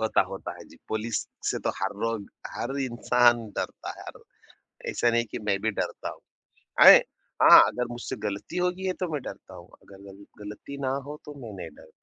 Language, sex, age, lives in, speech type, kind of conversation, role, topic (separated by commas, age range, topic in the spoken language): Hindi, male, 30-34, India, conversation, podcast, host, जब पछतावा सताने लगता है, तो आप सबसे पहले क्या करते हैं?